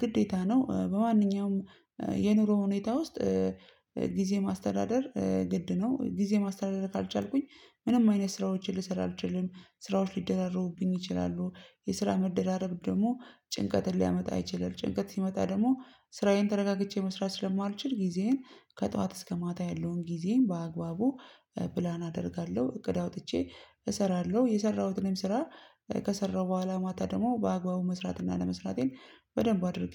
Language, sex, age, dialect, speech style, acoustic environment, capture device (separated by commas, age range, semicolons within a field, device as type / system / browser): Amharic, female, 25-34, Ethiopia - Addis Ababa, tired, people talking, mobile / Linux / Chrome